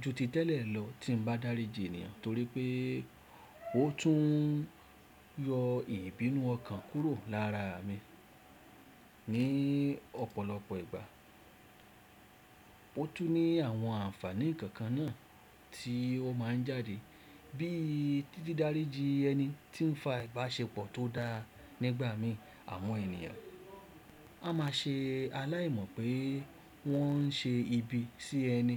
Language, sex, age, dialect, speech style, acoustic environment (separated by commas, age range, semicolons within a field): Yoruba, male, 35-44, Nigeria - Lagos Yoruba, excited; focused, silence